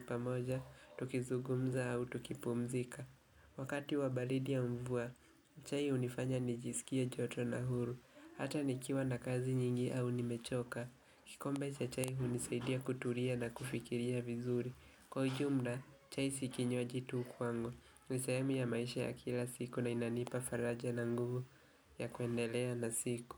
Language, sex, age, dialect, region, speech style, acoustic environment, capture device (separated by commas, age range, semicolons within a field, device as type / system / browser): Swahili, male, 18-24, Kenya - Nairobi Sheng-influenced, Kenya, relaxed, nature, mobile / Linux / Chrome